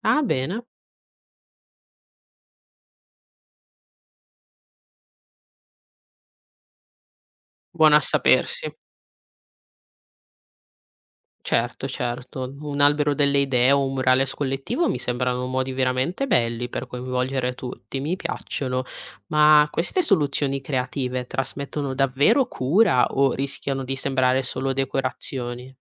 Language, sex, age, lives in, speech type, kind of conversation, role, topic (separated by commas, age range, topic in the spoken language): Italian, female, 20-24, Italy, read, expert-assistant, asker, Come potremmo reinventare la sala d’attesa del medico per renderla più accogliente ed efficace?